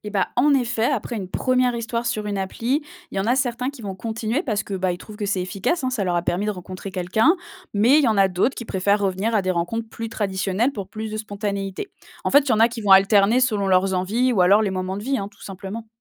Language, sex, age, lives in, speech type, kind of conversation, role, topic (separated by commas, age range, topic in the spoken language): French, female, 25-29, France, read, expert-assistant, expert, Les rencontres en ligne sont-elles plus efficaces que les rencontres traditionnelles pour construire une relation sérieuse ?